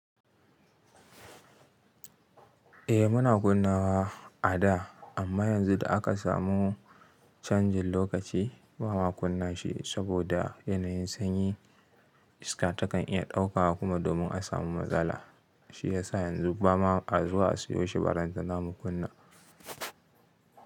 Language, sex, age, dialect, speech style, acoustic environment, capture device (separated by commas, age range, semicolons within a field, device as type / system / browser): Hausa, male, 25-34, Nigeria - Kano, happy, silence, mobile / Linux / Chrome